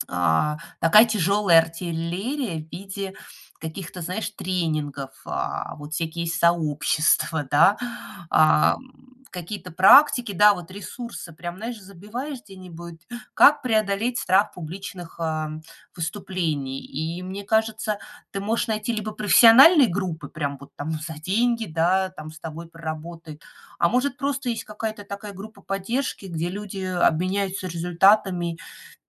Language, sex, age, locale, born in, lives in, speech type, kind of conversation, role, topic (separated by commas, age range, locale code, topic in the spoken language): Russian, female, 40-44, ru-RU, Russia, United States, conversation, advice, advisor, Как вы можете описать свой страх выступать перед аудиторией на работе или в обществе?